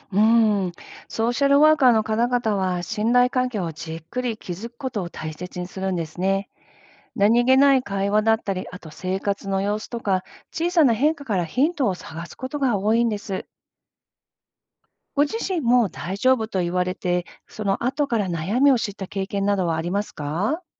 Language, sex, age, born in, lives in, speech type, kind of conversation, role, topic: Japanese, female, 50-54, Japan, United States, read, expert-assistant, expert, ソーシャルワーカーはどのように相談者と話すのですか？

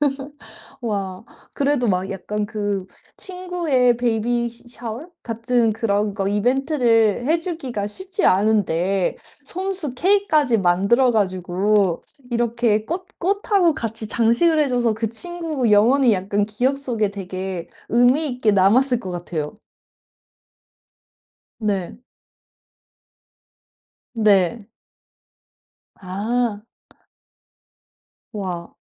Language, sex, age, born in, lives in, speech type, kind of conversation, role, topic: Korean, female, 35-39, South Korea, United States, conversation, podcast, host, 가장 기억에 남는 축하 음식에 관한 이야기를 들려주실래요?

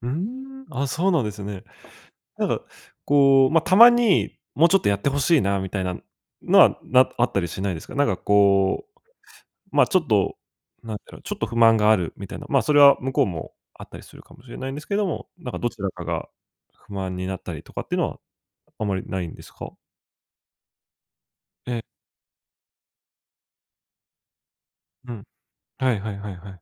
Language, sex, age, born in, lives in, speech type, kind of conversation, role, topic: Japanese, male, 25-29, Japan, Japan, conversation, podcast, host, 家事や育児の分担について、どのように話し合っていますか？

